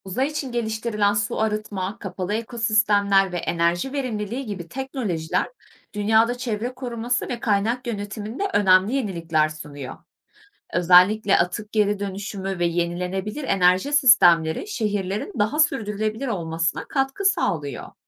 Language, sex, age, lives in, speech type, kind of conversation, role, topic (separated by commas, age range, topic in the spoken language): Turkish, female, 25-29, Germany, read, expert-assistant, expert, Ay’a mı, yoksa Mars’a mı insan göndermek daha heyecan verici ve anlamlı bir ilerleme olurdu?